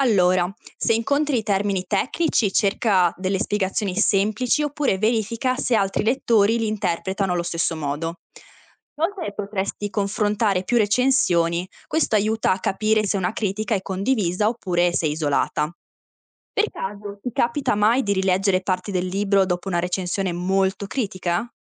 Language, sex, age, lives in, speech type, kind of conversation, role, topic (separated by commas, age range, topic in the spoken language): Italian, female, 20-24, Italy, read, expert-assistant, expert, Quando leggo una recensione su un romanzo, come posso capire se chi scrive ha davvero approfondito il libro o si basa solo su impressioni personali?